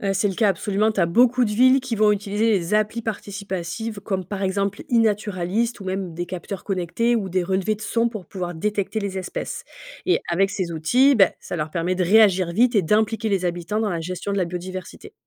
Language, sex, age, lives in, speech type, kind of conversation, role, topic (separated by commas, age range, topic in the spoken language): French, female, 35-39, France, read, expert-assistant, expert, Comment pourrait-on rendre nos villes plus accueillantes pour les animaux et les plantes sans compliquer la vie des habitants ?